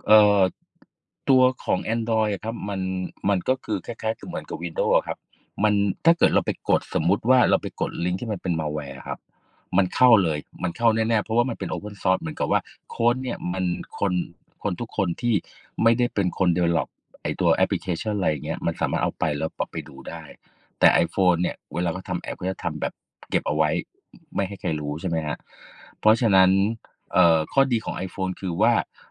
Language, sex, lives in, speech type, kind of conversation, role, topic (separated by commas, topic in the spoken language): Thai, male, Thailand, conversation, unstructured, none, การเก็บข้อมูลส่วนตัวไว้ในโทรศัพท์มือถือเป็นเรื่องน่ากลัวไหม?